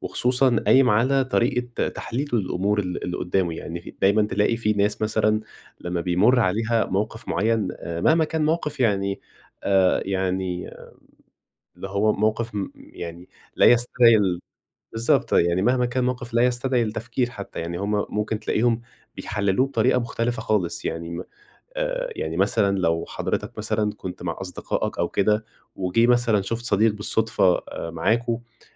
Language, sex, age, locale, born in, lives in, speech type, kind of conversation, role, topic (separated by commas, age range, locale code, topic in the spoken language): Arabic, male, 20-24, ar-EG, Egypt, Egypt, conversation, podcast, guest, إزاي بتلاقي الإلهام في تفاصيل حياتك اليومية؟